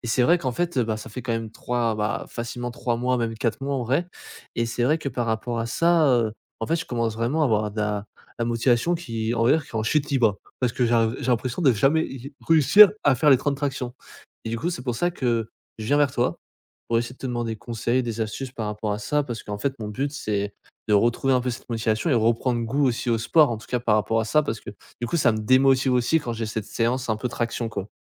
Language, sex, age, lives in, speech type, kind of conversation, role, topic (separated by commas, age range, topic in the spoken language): French, male, 20-24, France, conversation, advice, user, Pourquoi est-ce que je perds ma motivation en cours de route vers mon objectif ?